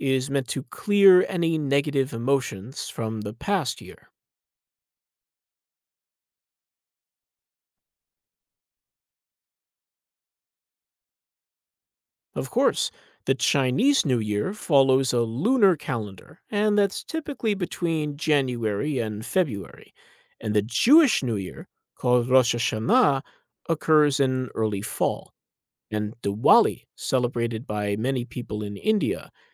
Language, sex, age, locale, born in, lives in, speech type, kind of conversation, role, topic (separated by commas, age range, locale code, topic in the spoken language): English, male, 35-39, en-US, United States, United States, read, expert-assistant, expert, How are New Year traditions and celebrations different around the world?